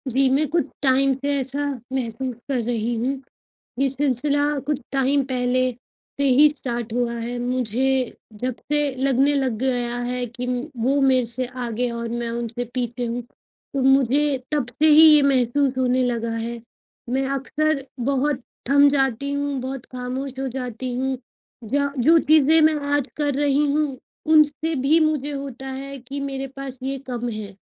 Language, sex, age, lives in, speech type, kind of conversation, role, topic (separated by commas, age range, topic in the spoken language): Hindi, female, 25-29, India, conversation, advice, user, मैं लंबे समय तक प्रेरित कैसे बना रहूँ?